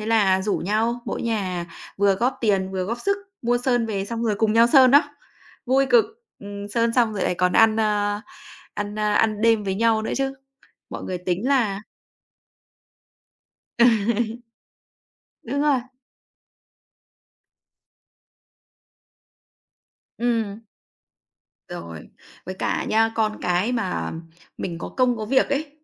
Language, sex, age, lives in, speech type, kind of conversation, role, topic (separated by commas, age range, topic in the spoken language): Vietnamese, female, 35-39, Vietnam, conversation, unstructured, none, Điều gì khiến bạn cảm thấy gắn bó với cộng đồng nơi bạn sống?